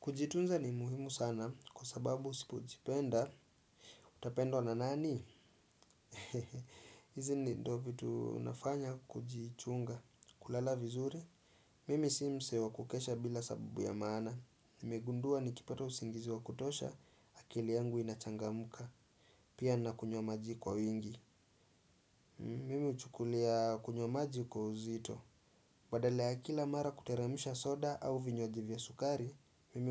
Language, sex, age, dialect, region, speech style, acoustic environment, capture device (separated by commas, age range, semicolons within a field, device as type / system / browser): Swahili, male, 25-34, Kenya - Nairobi Sheng-influenced, Kenya, relaxed, silence, desktop / Windows / Chrome